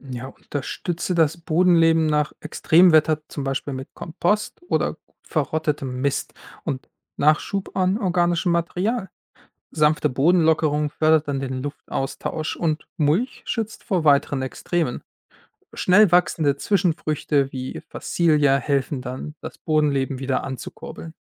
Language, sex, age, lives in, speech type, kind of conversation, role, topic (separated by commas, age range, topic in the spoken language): German, male, 25-29, Germany, read, expert-assistant, expert, Wie könnten wir alte und neue Anbaumethoden so mischen, dass unsere Felder gesünder werden?